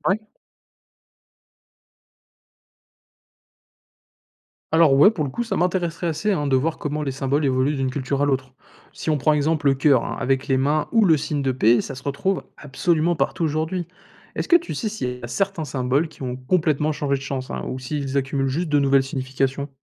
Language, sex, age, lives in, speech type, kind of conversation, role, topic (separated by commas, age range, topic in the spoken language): French, male, 20-24, France, read, expert-assistant, asker, Si on devait imaginer une nouvelle langue en s’inspirant des langues existantes, qu’est-ce qu’on garderait ou changerait ?